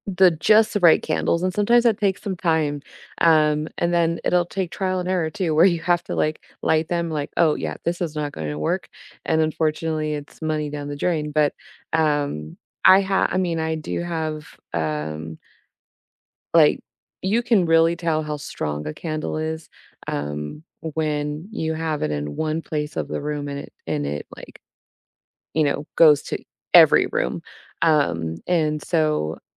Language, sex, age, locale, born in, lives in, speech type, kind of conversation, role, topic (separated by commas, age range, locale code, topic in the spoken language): English, female, 30-34, en-US, United States, United States, conversation, unstructured, none, What does a cozy day at home look like for you?